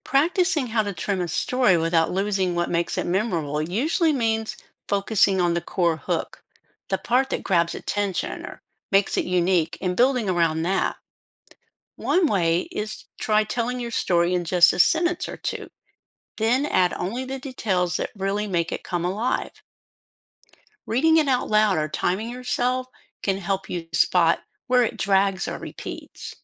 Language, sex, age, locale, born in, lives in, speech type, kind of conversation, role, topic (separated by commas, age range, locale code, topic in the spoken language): English, female, 60-64, en-US, United States, United States, read, expert-assistant, expert, What daily habits improve public relations skills?